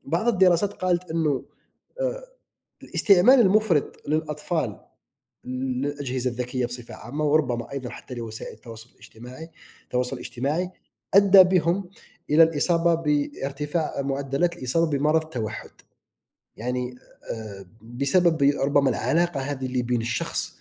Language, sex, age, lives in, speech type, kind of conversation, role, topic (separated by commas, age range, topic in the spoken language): Arabic, male, 30-34, United States, conversation, unstructured, none, إيه رأيك في تأثير وسائل التواصل الاجتماعي على حياتنا اليومية؟